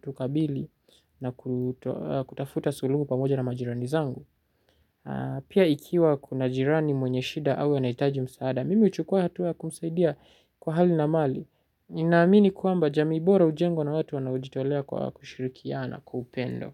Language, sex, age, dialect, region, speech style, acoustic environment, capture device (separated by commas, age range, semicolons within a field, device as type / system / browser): Swahili, male, 18-24, Kenya - Nairobi Sheng-influenced, Kenya, relaxed, silence, mobile / Linux / Chrome